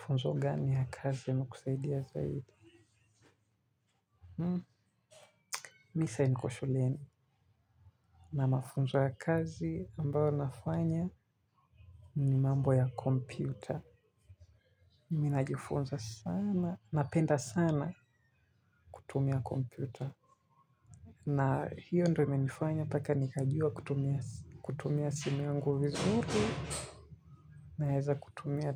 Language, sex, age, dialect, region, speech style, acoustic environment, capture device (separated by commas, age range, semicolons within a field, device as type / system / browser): Swahili, male, 18-24, Kenya - Mombasa, Kenya, relaxed, people talking; music, mobile / Linux / Chrome